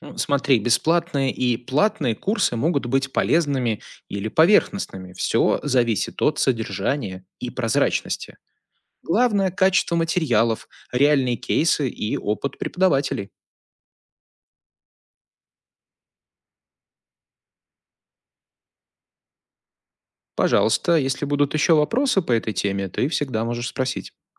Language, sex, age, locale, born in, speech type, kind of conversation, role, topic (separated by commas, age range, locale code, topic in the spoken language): Russian, male, 35-39, ru-RU, Belarus, read, expert-assistant, expert, Как отличить настоящего эксперта по предпринимательству от самозванца?